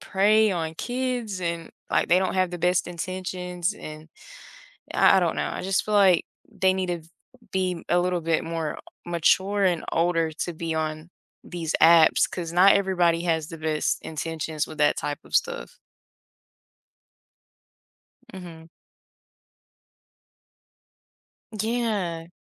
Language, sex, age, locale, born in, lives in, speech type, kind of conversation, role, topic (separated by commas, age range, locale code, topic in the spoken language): English, female, 20-24, en-US, United States, United States, conversation, podcast, guest, In what ways has technology influenced the way we connect and interact with others?